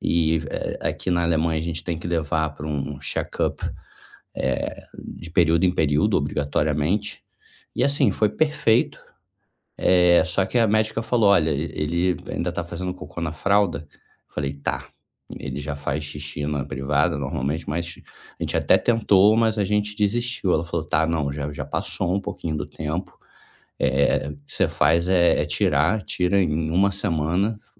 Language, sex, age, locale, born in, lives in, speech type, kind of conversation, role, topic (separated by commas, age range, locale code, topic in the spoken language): Portuguese, male, 35-39, pt-BR, Brazil, Germany, conversation, advice, user, Como podemos melhorar nossa comunicação como pais em conflito?